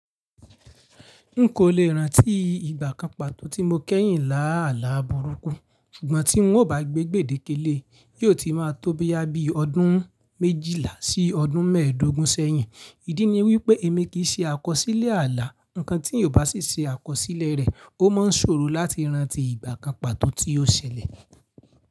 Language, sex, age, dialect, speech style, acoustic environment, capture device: Yoruba, male, 25-34, Nigeria - Ibadan, relaxed; focused, appliances; nature, mobile / Linux / Chrome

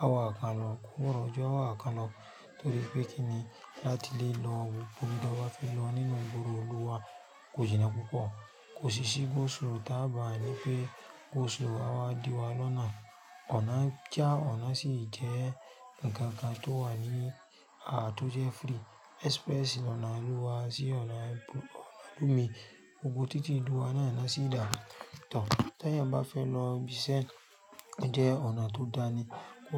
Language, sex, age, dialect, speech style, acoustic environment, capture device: Yoruba, female, 35-44, Nigeria - Lagos Yoruba, focused, nature, mobile / Linux / Chrome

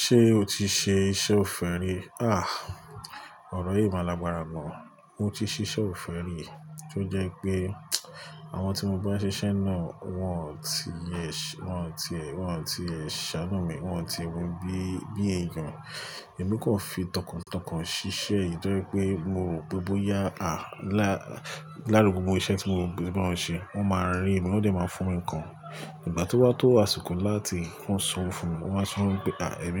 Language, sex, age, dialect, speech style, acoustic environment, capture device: Yoruba, male, 35-44, Nigeria - Lagos Yoruba, focused; excited, appliances, mobile / Linux / Chrome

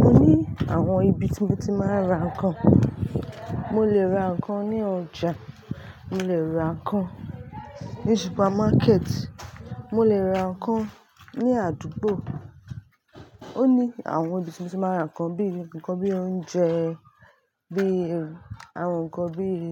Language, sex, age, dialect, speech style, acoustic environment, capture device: Yoruba, female, 25-34, Nigeria - Lagos Yoruba, focused, people talking, mobile / Linux / Chrome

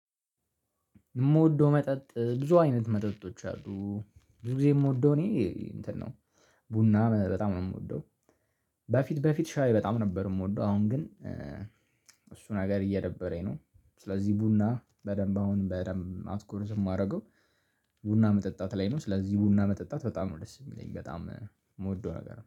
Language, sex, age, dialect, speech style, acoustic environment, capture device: Amharic, male, 18-24, Ethiopia - Addis Ababa, relaxed, silence, mobile / Linux / Chrome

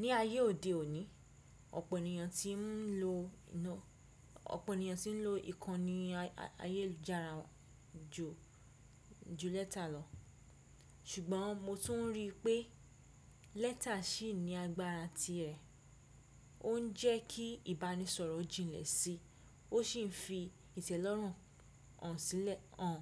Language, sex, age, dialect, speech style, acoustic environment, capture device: Yoruba, female, 25-34, Nigeria - Ibadan, relaxed; focused, silence, desktop / Windows / Chrome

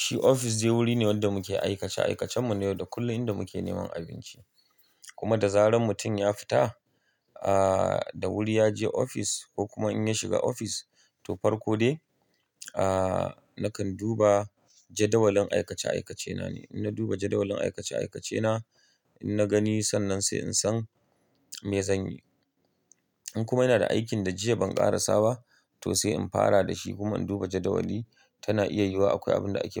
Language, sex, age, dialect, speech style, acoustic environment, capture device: Hausa, male, 35-44, Nigeria - Kano, happy; tired; relaxed; excited; focused; stressed; nervous, people talking; nature; music; silence; appliances; construction, mobile / Linux / Chrome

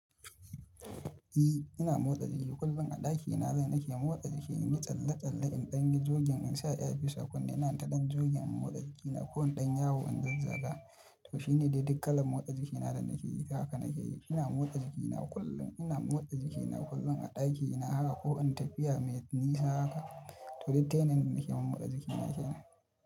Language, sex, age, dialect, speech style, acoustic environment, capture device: Hausa, male, 25-34, Nigeria - Kano, happy, people talking, mobile / Linux / Chrome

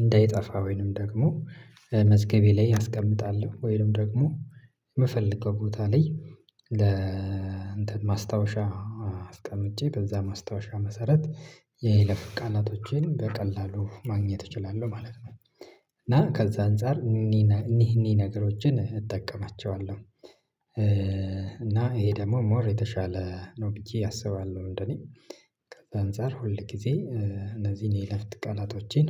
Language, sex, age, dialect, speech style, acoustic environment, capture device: Amharic, male, 25-34, Ethiopia - Addis Ababa, happy, silence, mobile / Linux / Chrome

